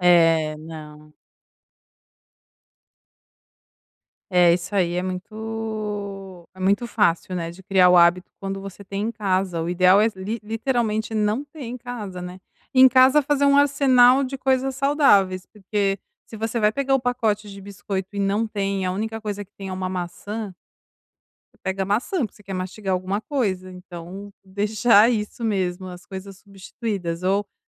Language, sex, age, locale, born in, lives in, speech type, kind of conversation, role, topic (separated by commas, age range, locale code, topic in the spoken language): Portuguese, female, 45-49, pt-BR, Brazil, Italy, conversation, advice, advisor, Como posso controlar a vontade de comer alimentos ultraprocessados?